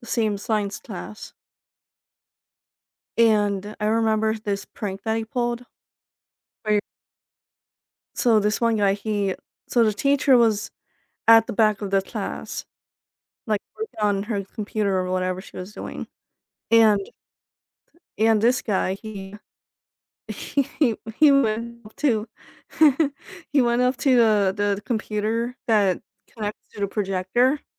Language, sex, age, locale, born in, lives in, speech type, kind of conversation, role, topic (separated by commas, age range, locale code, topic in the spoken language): English, female, 25-29, en-US, United States, United States, conversation, advice, user, How can I reconnect with high school friends I haven't kept in touch with?